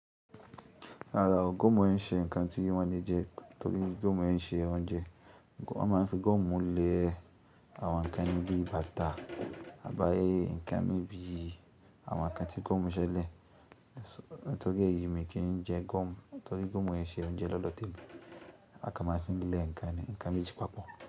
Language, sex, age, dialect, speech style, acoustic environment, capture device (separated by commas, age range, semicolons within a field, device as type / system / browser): Yoruba, male, 25-34, Nigeria - Kano, anxious; nervous, nature, mobile / Linux / Chrome